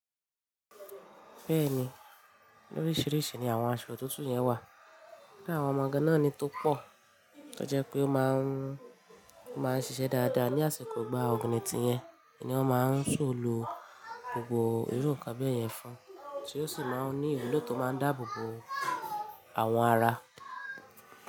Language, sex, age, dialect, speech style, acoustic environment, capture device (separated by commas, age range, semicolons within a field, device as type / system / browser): Yoruba, female, 25-34, Nigeria - Ibadan, excited, nature, mobile / Linux / Chrome